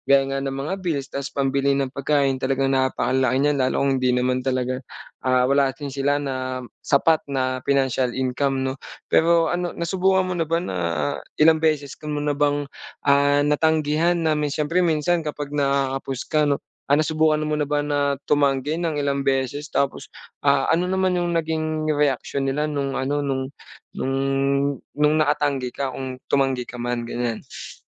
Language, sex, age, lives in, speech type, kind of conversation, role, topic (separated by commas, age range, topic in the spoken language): Filipino, male, 25-29, Philippines, conversation, advice, advisor, Paano ako magsasabi ng “hindi” nang may respeto?